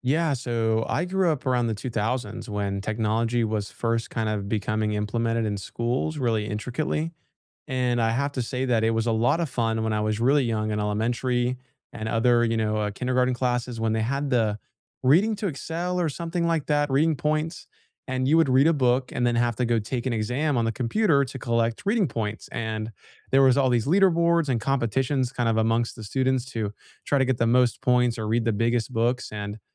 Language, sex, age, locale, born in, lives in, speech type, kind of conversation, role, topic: English, male, 20-24, en-US, United States, United States, conversation, unstructured, none, How has technology helped or hindered your education?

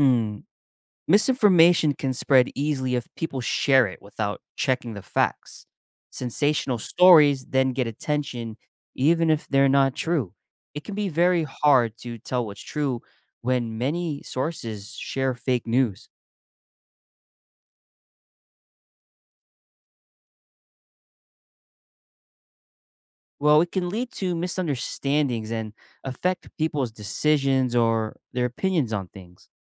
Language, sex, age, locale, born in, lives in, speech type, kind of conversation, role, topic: English, male, 25-29, en-US, United States, United States, read, expert-assistant, expert, How does media shape public perception of global events?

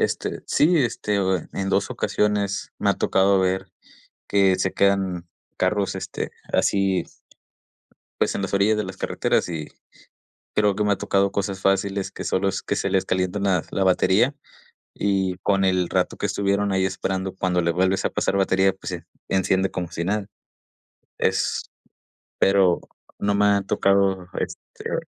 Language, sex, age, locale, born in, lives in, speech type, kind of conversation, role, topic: Spanish, male, 20-24, es-MX, Mexico, Mexico, conversation, podcast, guest, ¿Cuál fue tu viaje más inolvidable y por qué?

